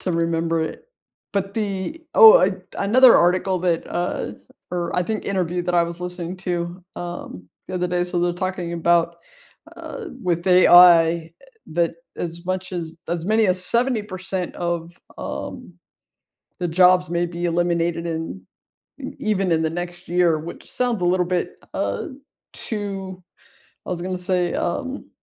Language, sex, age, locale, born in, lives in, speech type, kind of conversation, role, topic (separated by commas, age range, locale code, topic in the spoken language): English, female, 45-49, en-US, United States, United States, conversation, unstructured, none, What was the last thing you learned online that surprised you?